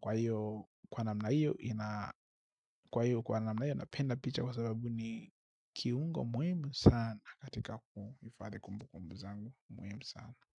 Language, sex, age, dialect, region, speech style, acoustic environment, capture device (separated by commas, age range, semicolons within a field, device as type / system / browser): Swahili, male, 25-34, Tanzania - Dar es Salaam, Tanzania, happy; focused; relaxed, silence, mobile / Linux / Chrome